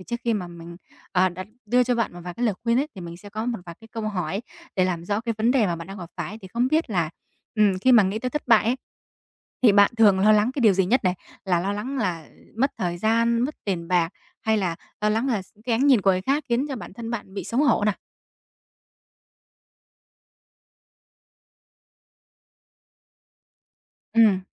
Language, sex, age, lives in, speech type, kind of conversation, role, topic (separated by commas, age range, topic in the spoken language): Vietnamese, female, 20-24, Vietnam, conversation, advice, advisor, Vì sao nỗi sợ thất bại khiến bạn không dám đặt mục tiêu?